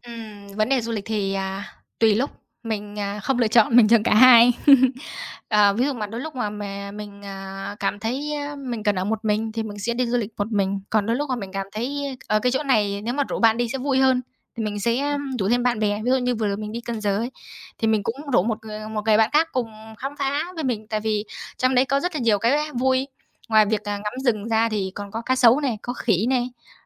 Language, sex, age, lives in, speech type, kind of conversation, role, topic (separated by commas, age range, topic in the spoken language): Vietnamese, female, 20-24, Vietnam, conversation, podcast, guest, Chuyến du lịch nào trong đời khiến bạn nhớ nhất, và vì sao?